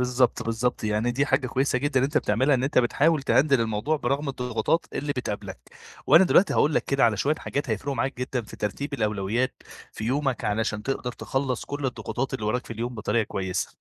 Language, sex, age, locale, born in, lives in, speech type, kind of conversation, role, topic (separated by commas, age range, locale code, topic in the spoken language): Arabic, male, 25-29, ar-EG, Egypt, Egypt, conversation, advice, advisor, إزاي أرتّب أولوياتي لما أحس بضغط يومي كبير؟